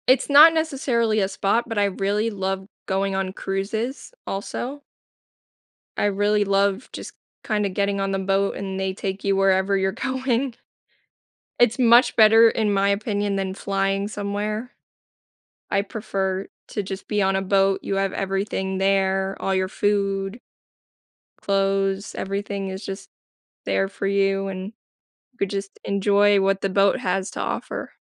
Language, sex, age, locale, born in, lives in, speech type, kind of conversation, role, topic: English, female, 25-29, en-US, United States, United States, conversation, podcast, guest, What makes a vacation spot truly memorable for you?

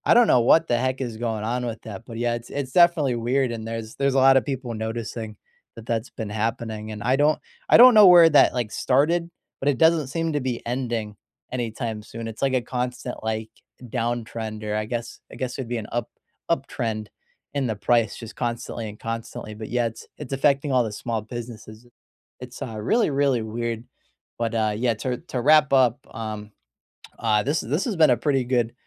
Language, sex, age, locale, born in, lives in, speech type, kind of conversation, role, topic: English, male, 25-29, en-US, United States, United States, conversation, unstructured, none, What street food do you crave, and where do you find it?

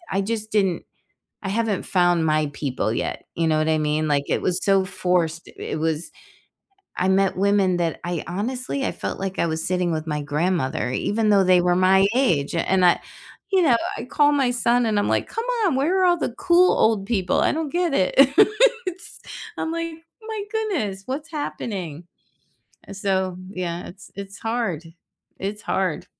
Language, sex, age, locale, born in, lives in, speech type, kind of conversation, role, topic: English, female, 60-64, en-US, United States, United States, conversation, unstructured, none, What are you trying to do more of lately, and how can others cheer you on?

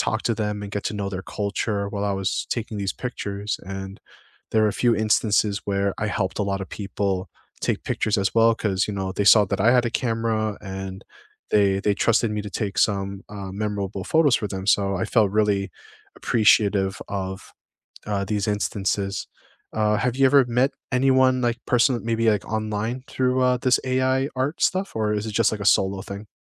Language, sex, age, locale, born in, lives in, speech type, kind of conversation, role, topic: English, male, 25-29, en-US, United States, United States, conversation, unstructured, none, What’s a hobby that has brought unexpected joy to your life?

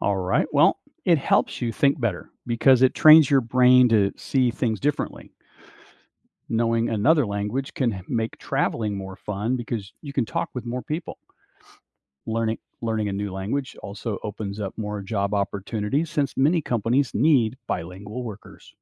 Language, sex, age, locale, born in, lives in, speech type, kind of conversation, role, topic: English, male, 60-64, en-US, United States, United States, read, expert-assistant, expert, What are the benefits of learning a second language?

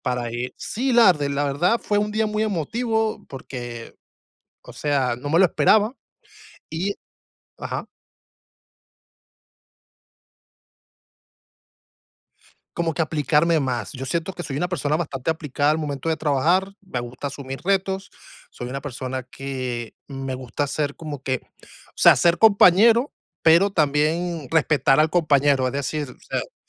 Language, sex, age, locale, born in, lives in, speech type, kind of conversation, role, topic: Spanish, male, 35-39, es-VE, Venezuela, United States, conversation, unstructured, none, ¿Recuerdas un día de trabajo que te haya hecho muy feliz?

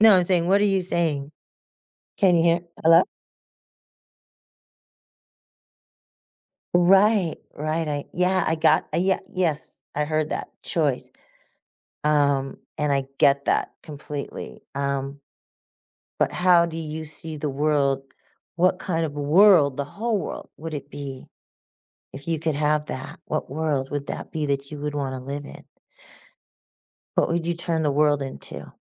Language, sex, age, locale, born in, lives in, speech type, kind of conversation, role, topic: English, female, 60-64, en-US, United States, United States, conversation, podcast, host, What inspires your vision for a better future?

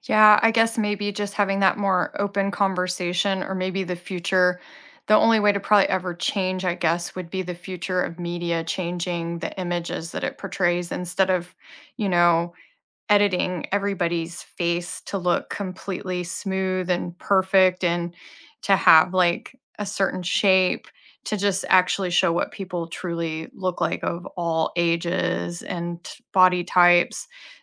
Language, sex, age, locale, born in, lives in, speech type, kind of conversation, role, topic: English, female, 40-44, en-US, United States, United States, conversation, unstructured, none, What frustrates you about unrealistic body standards in sports?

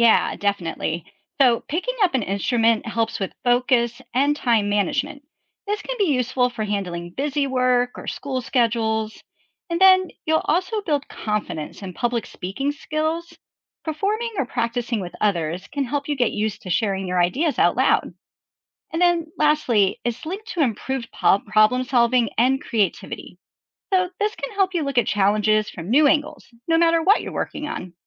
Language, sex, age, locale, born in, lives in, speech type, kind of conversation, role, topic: English, female, 45-49, en-US, United States, United States, read, expert-assistant, expert, What are the benefits of learning to play a musical instrument?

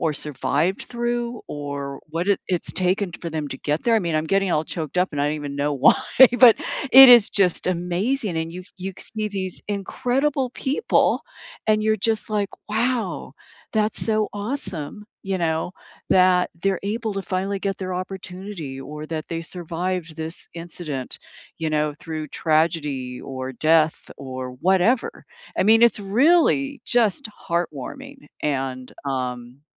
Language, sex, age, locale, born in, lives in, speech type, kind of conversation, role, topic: English, female, 65-69, en-US, United States, United States, conversation, unstructured, none, When life feels heavy, what comfort shows or films do you return to, and why?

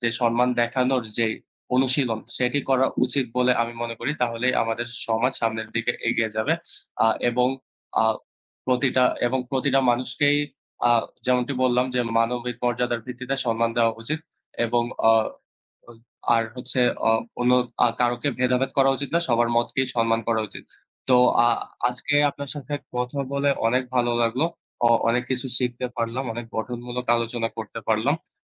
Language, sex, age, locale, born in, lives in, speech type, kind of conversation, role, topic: Bengali, male, 20-24, bn-BD, Bangladesh, Bangladesh, conversation, unstructured, none, কীভাবে অন্যদের প্রতি সম্মান দেখানো যায়?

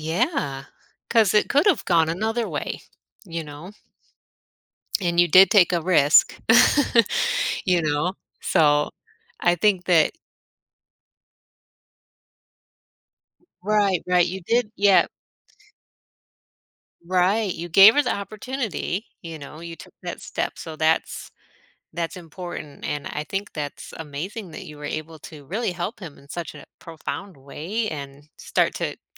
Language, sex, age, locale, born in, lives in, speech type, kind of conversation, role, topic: English, female, 40-44, en-US, United States, United States, conversation, podcast, host, What qualities help teachers make a lasting impact on their students?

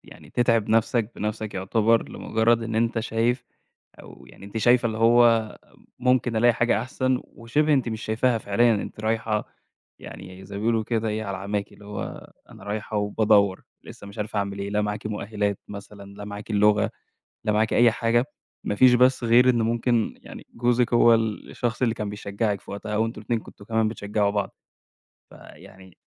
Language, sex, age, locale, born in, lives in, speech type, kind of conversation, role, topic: Arabic, male, 25-29, ar-EG, Egypt, Egypt, conversation, podcast, host, لو قدامك طريق مضمون وطريق فيه مغامرة، هتختار أنهي واحد؟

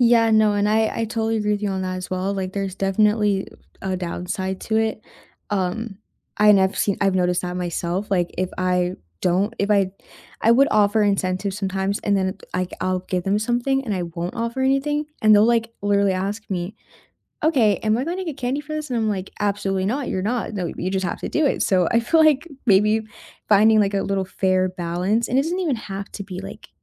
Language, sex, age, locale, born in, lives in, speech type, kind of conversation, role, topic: English, female, 20-24, en-US, United States, United States, conversation, unstructured, none, How would you redesign homework to fit real life, spark curiosity, and reduce stress?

